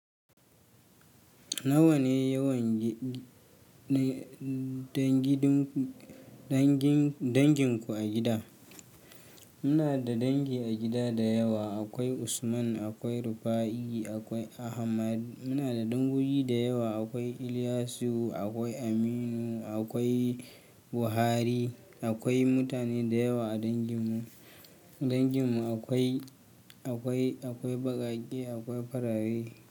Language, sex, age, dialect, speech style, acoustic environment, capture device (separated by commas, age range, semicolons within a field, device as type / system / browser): Hausa, male, 25-34, Nigeria - Kano, tired, silence, mobile / Linux / Chrome